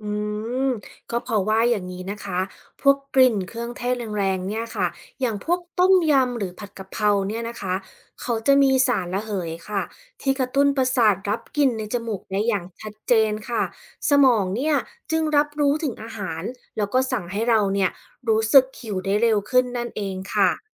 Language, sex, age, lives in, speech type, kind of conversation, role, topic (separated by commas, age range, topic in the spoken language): Thai, female, 35-39, United States, read, expert-assistant, expert, ทำไมกลิ่นอาหารจึงทำให้หิว